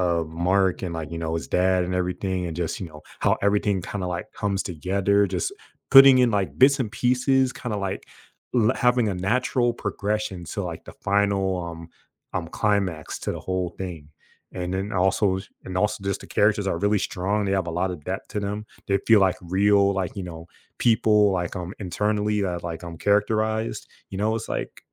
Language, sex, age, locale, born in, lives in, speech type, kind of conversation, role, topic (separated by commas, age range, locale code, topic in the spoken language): English, male, 25-29, en-US, United States, United States, conversation, podcast, guest, What universal qualities make stories resonate across different forms of media?